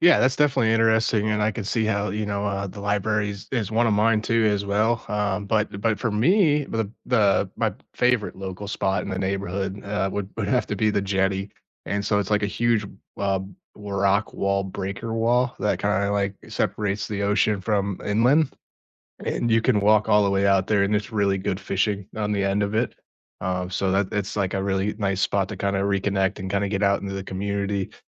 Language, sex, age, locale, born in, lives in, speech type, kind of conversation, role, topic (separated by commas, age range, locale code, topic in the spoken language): English, male, 30-34, en-US, United States, United States, conversation, unstructured, none, What local place in your neighborhood always makes you smile, and what makes it special?